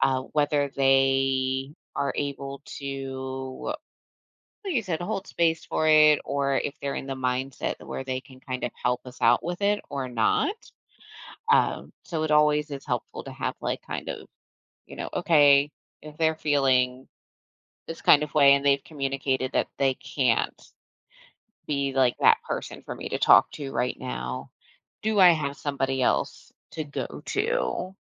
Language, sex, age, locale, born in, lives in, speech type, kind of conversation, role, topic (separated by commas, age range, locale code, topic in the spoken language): English, female, 35-39, en-US, United States, United States, conversation, advice, advisor, How can I communicate better in a new relationship?